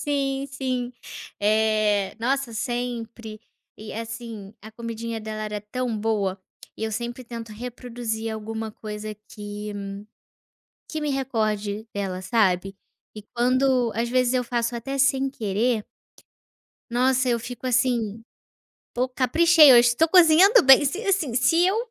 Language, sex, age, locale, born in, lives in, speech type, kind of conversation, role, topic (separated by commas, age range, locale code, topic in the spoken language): Portuguese, female, 25-29, pt-BR, Brazil, Portugal, conversation, podcast, guest, Qual prato faz você lembrar imediatamente da sua avó?